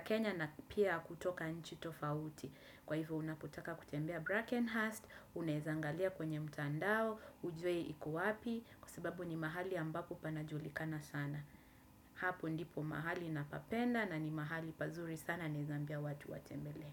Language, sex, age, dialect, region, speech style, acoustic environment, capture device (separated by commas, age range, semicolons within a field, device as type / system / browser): Swahili, female, 25-34, Kenya - Mombasa, Kenya, focused, nature; silence, desktop / Windows / Chrome